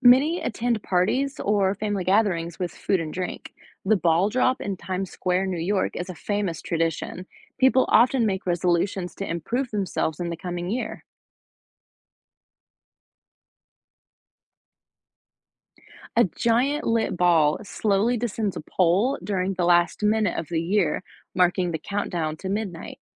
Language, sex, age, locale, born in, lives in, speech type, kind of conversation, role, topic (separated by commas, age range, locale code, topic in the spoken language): English, female, 25-29, en-US, United States, United States, read, expert-assistant, expert, How do different cultures celebrate the new year?